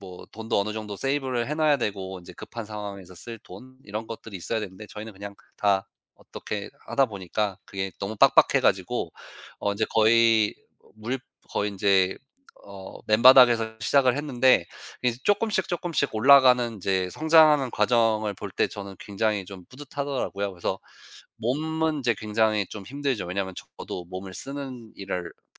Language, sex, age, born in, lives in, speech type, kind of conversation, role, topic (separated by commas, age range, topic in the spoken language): Korean, male, 35-39, United States, United States, conversation, unstructured, none, 요즘 일하면서 가장 뿌듯했던 순간은 언제인가요?